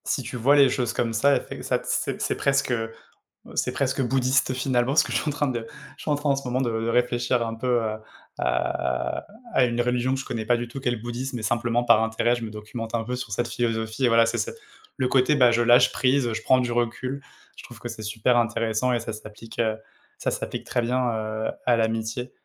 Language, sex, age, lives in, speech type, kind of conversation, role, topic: French, male, 30-34, France, conversation, unstructured, none, Qu’est-ce qui rend une amitié vraiment forte selon toi ?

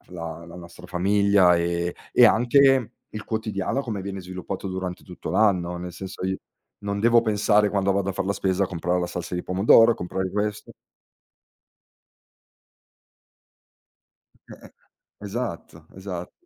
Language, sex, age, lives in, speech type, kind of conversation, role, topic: Italian, male, 40-44, Italy, conversation, podcast, guest, Che cosa ti fa sentire davvero a casa?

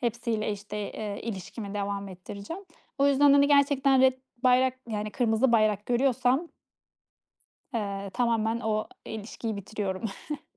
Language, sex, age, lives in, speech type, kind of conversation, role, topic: Turkish, female, 30-34, Estonia, conversation, podcast, guest, Arkadaş seçerken sence hangi kırmızı bayraklara dikkat etmek gerekir?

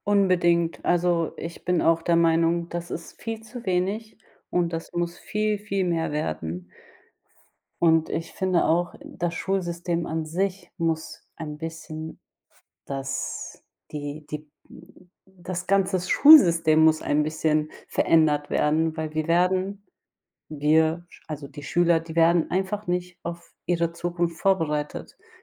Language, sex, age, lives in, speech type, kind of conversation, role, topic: German, female, 30-34, Germany, conversation, unstructured, none, Sollte die Schule stärker auf praktische Fähigkeiten setzen?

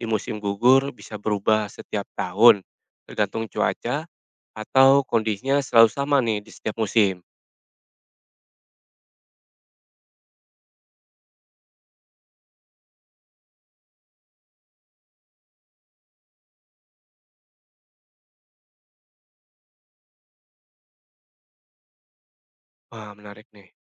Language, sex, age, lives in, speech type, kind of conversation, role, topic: Indonesian, male, 40-44, Indonesia, read, expert-assistant, asker, Mengapa daun berubah warna saat musim gugur?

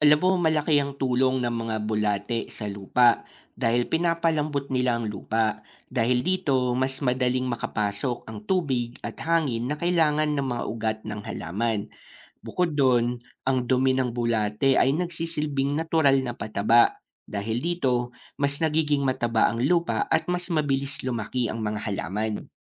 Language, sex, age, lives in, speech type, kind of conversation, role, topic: Filipino, male, 25-29, Philippines, read, expert-assistant, expert, Paano nakakatulong ang mga bulate sa lupa sa pagtatanim?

